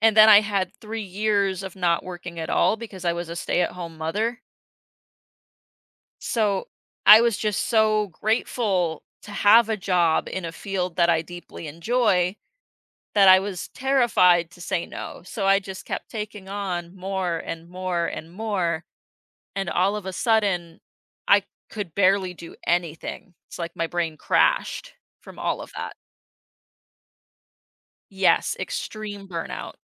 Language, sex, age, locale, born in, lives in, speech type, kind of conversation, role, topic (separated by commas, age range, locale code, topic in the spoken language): English, female, 25-29, en-US, United States, United States, conversation, podcast, guest, What lesson did you learn from failure?